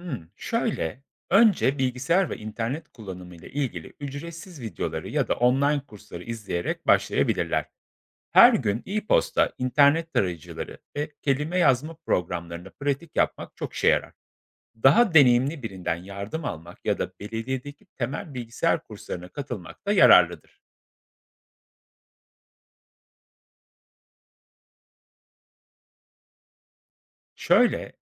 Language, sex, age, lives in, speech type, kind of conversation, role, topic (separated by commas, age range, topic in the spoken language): Turkish, male, 35-39, Poland, read, expert-assistant, expert, Bilgisayar okuryazarlığı günümüz dünyasında neden gereklidir?